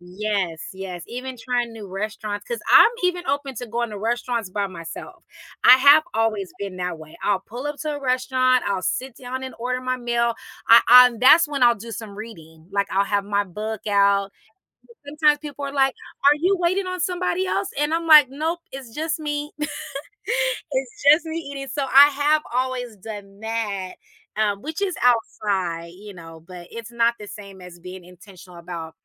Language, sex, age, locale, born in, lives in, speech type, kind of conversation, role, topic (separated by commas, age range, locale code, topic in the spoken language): English, female, 40-44, en-US, United States, United States, conversation, podcast, guest, What motivates you to step outside your comfort zone and try new things?